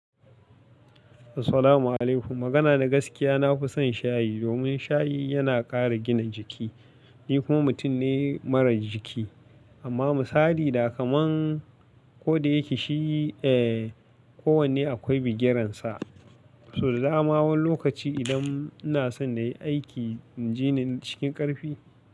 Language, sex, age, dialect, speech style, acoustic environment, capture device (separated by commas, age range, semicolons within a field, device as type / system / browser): Hausa, male, 25-34, Nigeria - Katsina, relaxed, appliances, mobile / Linux / Chrome